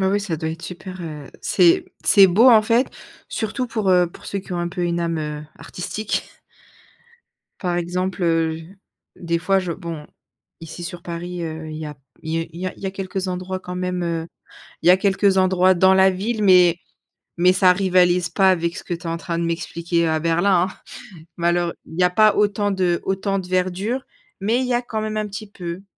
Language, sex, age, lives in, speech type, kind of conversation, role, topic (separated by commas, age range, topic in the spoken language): French, female, 30-34, France, conversation, podcast, host, Comment un coin de nature en ville t’inspire-t-il ?